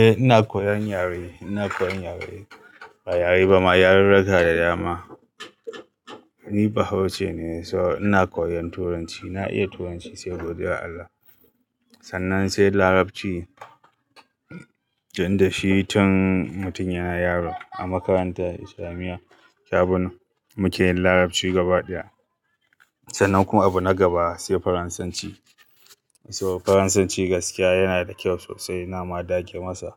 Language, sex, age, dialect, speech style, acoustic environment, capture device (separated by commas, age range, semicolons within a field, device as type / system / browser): Hausa, male, 35-44, Nigeria - Kano, happy, people talking, mobile / Linux / Chrome